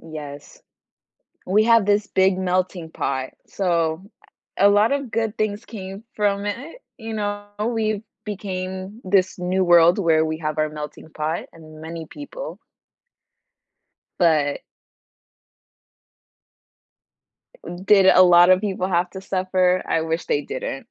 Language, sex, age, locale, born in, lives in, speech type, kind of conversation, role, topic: English, female, 18-19, en-US, United States, United States, conversation, unstructured, none, Would you rather change one decision in your life or influence one major world event?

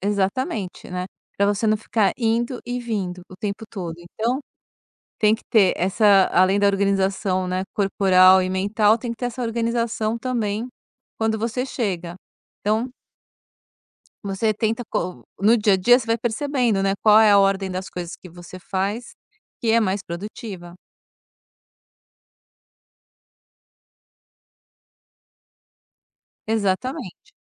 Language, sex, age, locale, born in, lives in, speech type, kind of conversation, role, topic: Portuguese, female, 50-54, pt-BR, Brazil, France, conversation, podcast, guest, Que estratégias você usa para ser mais produtivo sem trabalhar mais horas?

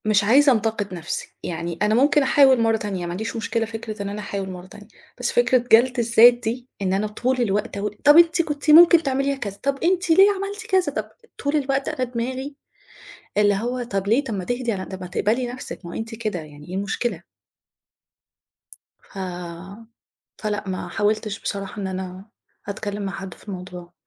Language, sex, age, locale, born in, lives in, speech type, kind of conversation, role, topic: Arabic, female, 35-39, ar-EG, Egypt, Egypt, conversation, advice, user, إزاي أبطل أنتقد نفسي على طول عشان ما أتجنبش المحاولة؟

